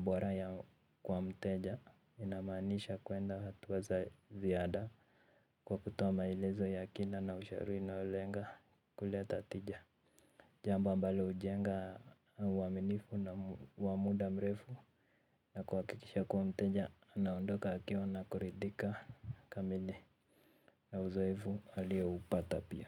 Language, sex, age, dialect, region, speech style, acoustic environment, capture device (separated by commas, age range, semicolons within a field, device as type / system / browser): Swahili, male, 18-24, Kenya - Nairobi Sheng-influenced, Kenya, relaxed; focused, silence; nature, mobile / Linux / Chrome